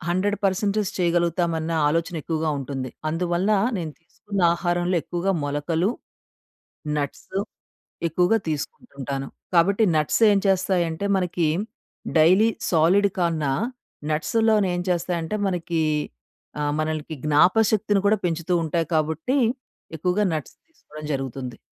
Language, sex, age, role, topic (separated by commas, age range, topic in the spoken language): Telugu, female, 40-44, guest, బిజీ రోజుల్లో మీ ఆరోగ్యాన్ని ఎలా నిలబెట్టుకుంటారు?